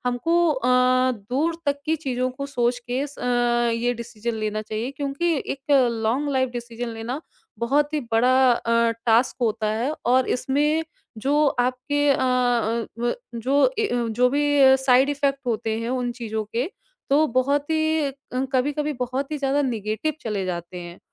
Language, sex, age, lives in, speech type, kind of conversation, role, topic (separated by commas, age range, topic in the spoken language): Hindi, female, 30-34, India, conversation, podcast, guest, Kya tumhe kabhi kisi bade faisle ka pachhtaava hua hai, aur tumne usse kaise sambhala?